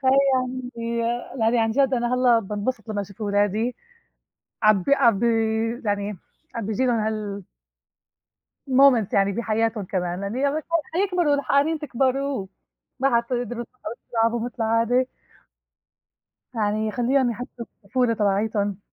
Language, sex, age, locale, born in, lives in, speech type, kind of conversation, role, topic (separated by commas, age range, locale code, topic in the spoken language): Arabic, female, 35-39, ar-SY, Egypt, United States, conversation, unstructured, none, إيه أجمل ذكرى من طفولتك؟